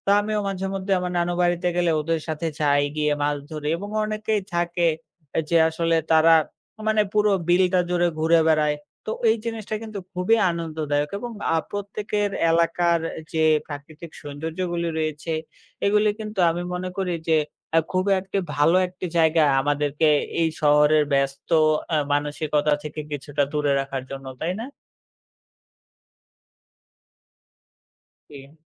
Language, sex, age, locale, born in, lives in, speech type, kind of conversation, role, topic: Bengali, male, 20-24, bn-BD, Bangladesh, Bangladesh, conversation, unstructured, none, আপনার এলাকার সবচেয়ে ভালো জায়গা কোনটি?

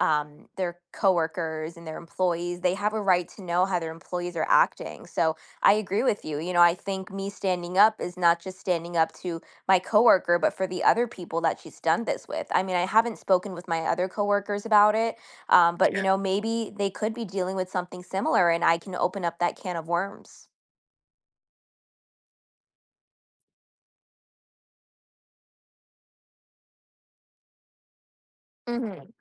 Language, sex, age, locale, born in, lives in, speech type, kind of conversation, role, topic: English, female, 25-29, en-US, United States, United States, conversation, advice, user, How can I stop coworkers from taking credit for my work?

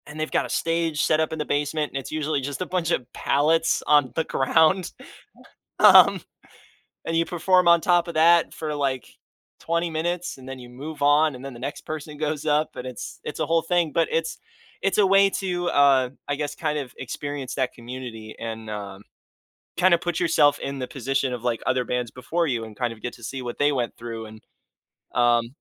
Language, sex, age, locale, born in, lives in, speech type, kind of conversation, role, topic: English, male, 20-24, en-US, United States, United States, conversation, podcast, guest, How do cultural celebrations shape your sense of identity and community?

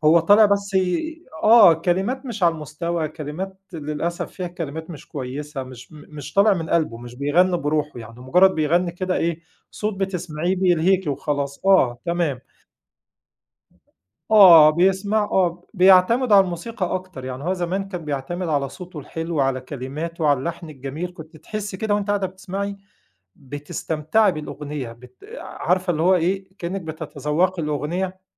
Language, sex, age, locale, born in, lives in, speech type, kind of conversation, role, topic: Arabic, male, 40-44, ar-EG, Egypt, Egypt, conversation, podcast, guest, إيه الأغنية اللي ترشّحها لأي حد يسمعها؟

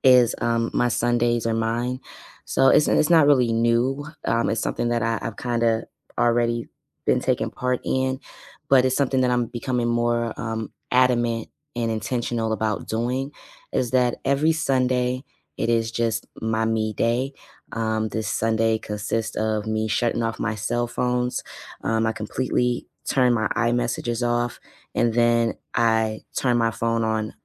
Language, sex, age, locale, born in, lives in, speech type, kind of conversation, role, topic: English, female, 30-34, en-US, United States, United States, conversation, unstructured, none, What personal traditions have you started as an adult, and how do they help you feel connected?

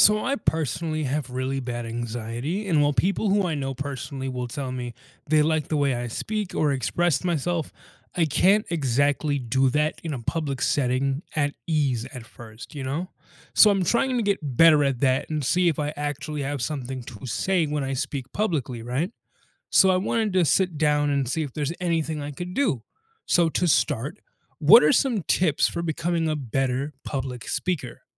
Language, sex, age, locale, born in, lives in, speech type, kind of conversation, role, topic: English, male, 20-24, en-US, United States, United States, read, expert-assistant, asker, What are some tips for becoming a better public speaker?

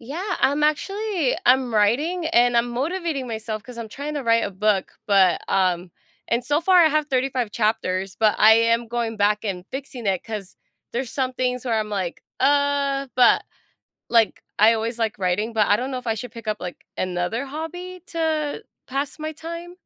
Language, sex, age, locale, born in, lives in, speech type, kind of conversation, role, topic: English, female, 25-29, en-US, United States, United States, conversation, advice, user, How can I add more adventure to my routine?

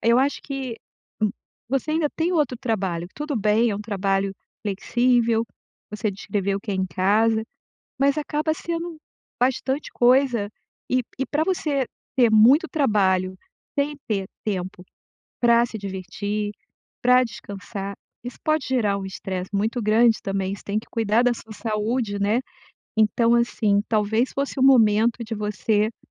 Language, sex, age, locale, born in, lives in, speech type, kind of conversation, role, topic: Portuguese, female, 55-59, pt-BR, Brazil, United States, conversation, advice, advisor, Como posso criar limites claros entre o trabalho e a vida pessoal?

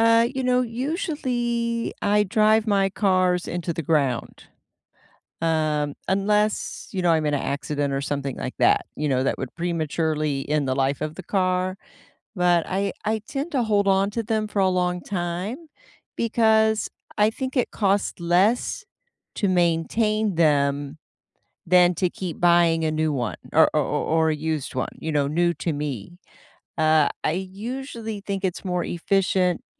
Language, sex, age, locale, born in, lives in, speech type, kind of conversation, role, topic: English, female, 55-59, en-US, United States, United States, conversation, unstructured, none, Should you keep your old car longer instead of upgrading to a newer, more efficient model?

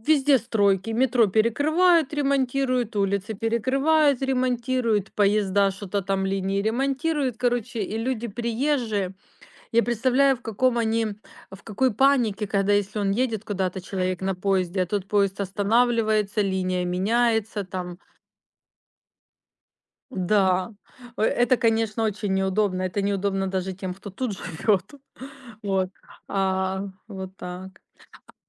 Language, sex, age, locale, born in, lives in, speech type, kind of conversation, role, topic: Russian, female, 45-49, ru-RU, Ukraine, Spain, conversation, unstructured, none, Что тебе больше всего нравится в твоём городе?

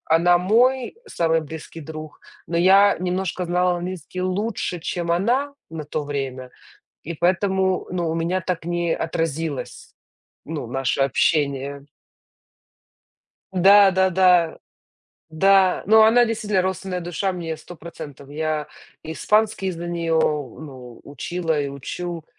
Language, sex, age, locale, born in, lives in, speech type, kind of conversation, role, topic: Russian, female, 35-39, ru-UA, Ukraine, United States, conversation, unstructured, none, Бывали ли моменты, когда ты чувствовал себя потерянным?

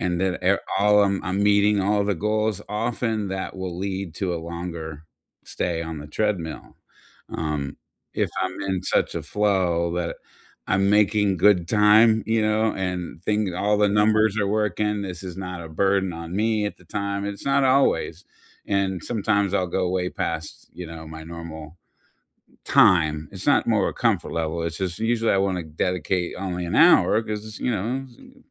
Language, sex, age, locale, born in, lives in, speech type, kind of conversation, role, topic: English, male, 55-59, en-US, United States, United States, conversation, unstructured, none, What small or quirky workout rituals make exercise more fun for you?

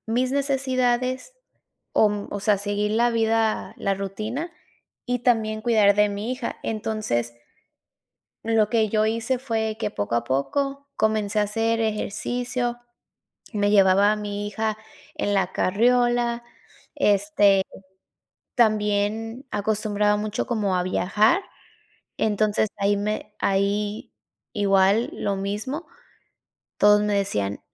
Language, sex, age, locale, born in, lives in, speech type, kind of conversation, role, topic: Spanish, female, 30-34, es-MX, Mexico, United States, conversation, podcast, guest, ¿Cómo manejas la presión social al tomar decisiones personales?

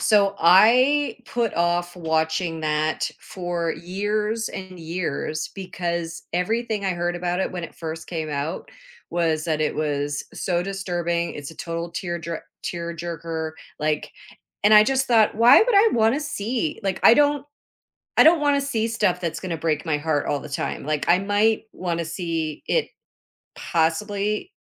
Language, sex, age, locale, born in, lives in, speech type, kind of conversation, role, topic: English, female, 50-54, en-CA, Canada, United States, conversation, podcast, host, What makes a story compelling across different media?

